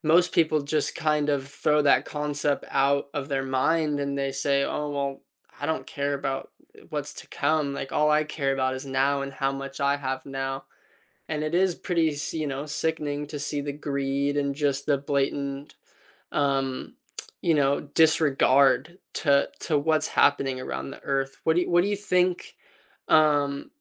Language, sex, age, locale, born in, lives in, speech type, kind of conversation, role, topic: English, male, 20-24, en-US, United States, United States, conversation, unstructured, none, How do you feel about people wasting natural resources?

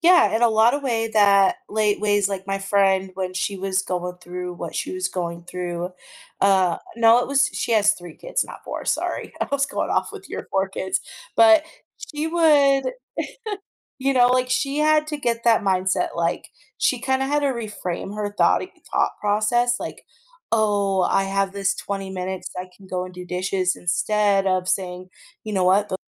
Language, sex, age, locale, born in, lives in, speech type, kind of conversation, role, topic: English, female, 30-34, en-US, United States, United States, conversation, advice, advisor, How do I prepare emotionally and practically for the first weeks after our baby arrives?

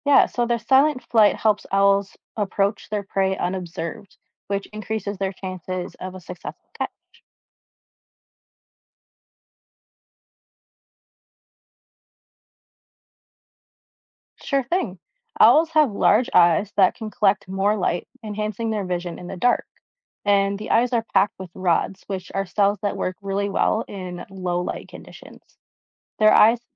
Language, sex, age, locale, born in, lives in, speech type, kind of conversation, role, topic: English, female, 25-29, en-US, United States, United States, read, expert-assistant, expert, What makes an owl such a good hunter?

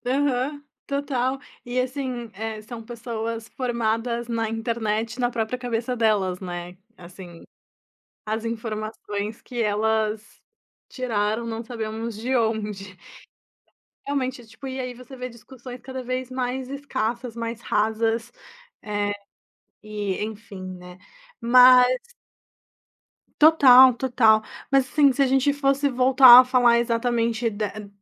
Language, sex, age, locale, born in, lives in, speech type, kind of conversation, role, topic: Portuguese, female, 25-29, pt-BR, Brazil, Italy, conversation, podcast, host, Como separar informação útil do ruído na internet?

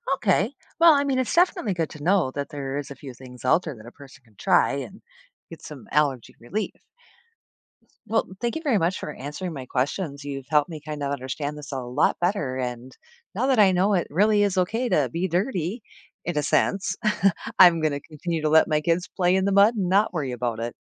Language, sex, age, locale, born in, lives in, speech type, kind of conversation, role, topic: English, female, 45-49, en-US, United States, United States, read, expert-assistant, asker, Why do some people have allergies?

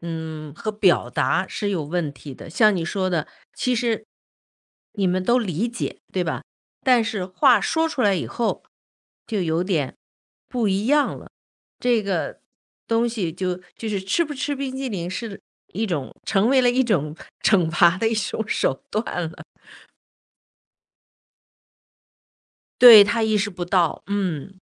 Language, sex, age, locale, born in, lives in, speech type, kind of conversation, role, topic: Chinese, female, 55-59, zh-CN, China, United States, conversation, podcast, host, 家里老人插手孩子教育时，怎么才能和平处理？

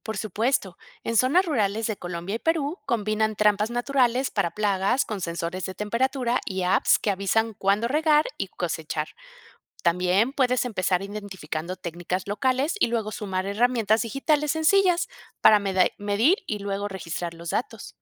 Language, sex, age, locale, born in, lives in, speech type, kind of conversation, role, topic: Spanish, female, 50-54, es-MX, Mexico, Mexico, read, expert-assistant, expert, ¿Cómo podríamos combinar tecnología y tradiciones locales para mejorar la seguridad alimentaria en comunidades rurales?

